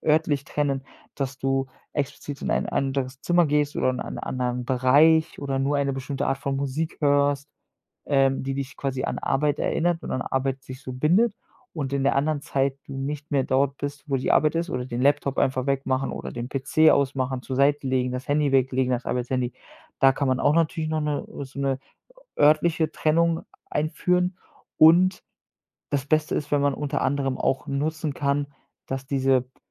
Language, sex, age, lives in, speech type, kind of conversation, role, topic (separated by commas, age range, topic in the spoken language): German, male, 25-29, Germany, conversation, advice, advisor, Wie finde ich die richtige Balance zwischen Arbeit und Erholung im Alltag?